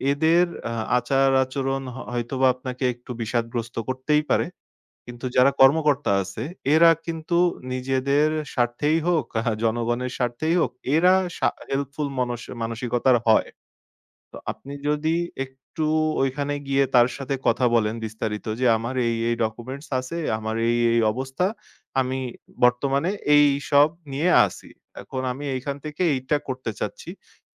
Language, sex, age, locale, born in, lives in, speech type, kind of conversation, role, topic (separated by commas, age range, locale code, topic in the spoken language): Bengali, male, 25-29, bn-BD, Bangladesh, Bangladesh, conversation, advice, advisor, কাগজপত্র ও প্রশাসনিক কাজ নিয়ে আপনার হতাশা ও বিভ্রান্তির কারণ কী?